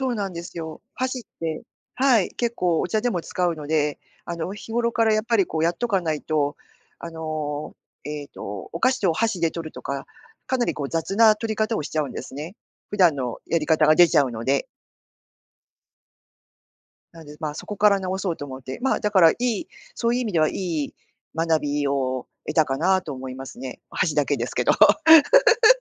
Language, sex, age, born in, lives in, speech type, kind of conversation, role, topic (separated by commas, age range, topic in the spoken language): Japanese, female, 50-54, Japan, Japan, conversation, podcast, guest, 趣味を通して学んだことを聞かせてくれますか？